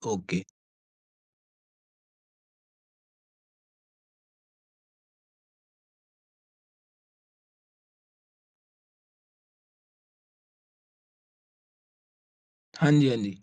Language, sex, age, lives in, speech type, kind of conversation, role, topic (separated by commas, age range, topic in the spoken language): Hindi, male, 20-24, India, conversation, podcast, host, Namak, khatta aur meetha ka sahi balance banane ke liye tum kaise chakh kar test karte ho?